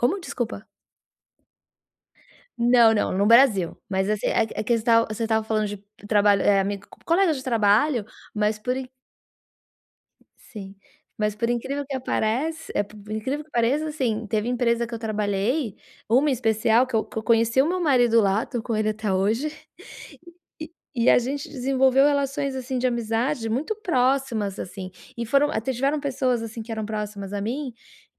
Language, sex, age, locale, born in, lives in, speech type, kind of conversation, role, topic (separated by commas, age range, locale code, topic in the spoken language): Portuguese, female, 40-44, pt-BR, Brazil, United States, conversation, advice, user, Como você percebe que suas amizades ficaram mais superficiais com o tempo?